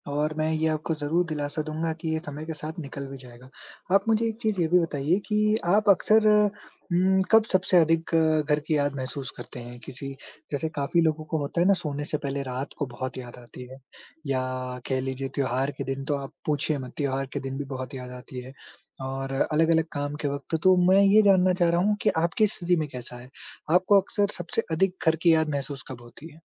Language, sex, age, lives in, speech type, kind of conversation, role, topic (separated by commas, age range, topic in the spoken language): Hindi, male, 20-24, India, conversation, advice, advisor, परिवार और दोस्तों से दूर होने पर मुझे घर की याद क्यों आती है?